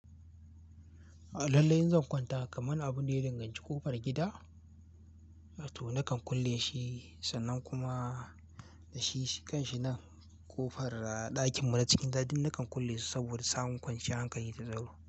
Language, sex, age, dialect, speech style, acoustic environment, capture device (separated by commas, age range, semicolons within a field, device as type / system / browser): Hausa, male, 18-24, Nigeria - Kano, happy; focused, appliances, mobile / Linux / Chrome